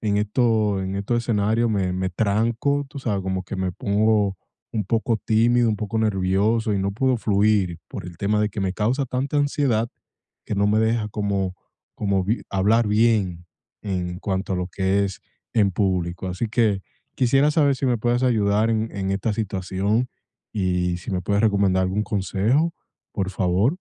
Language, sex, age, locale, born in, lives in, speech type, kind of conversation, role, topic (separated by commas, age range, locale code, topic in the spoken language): Spanish, male, 25-29, es-DO, United States, United States, conversation, advice, user, ¿Cómo puedo controlar la ansiedad al hablar en público?